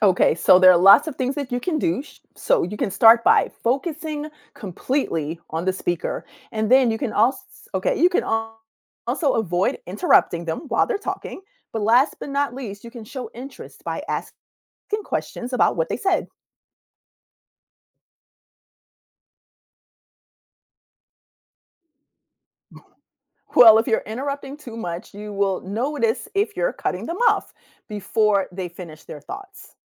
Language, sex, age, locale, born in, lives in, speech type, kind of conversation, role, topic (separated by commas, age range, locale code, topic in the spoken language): English, female, 45-49, en-US, United States, United States, read, expert-assistant, expert, How can listening skills be improved?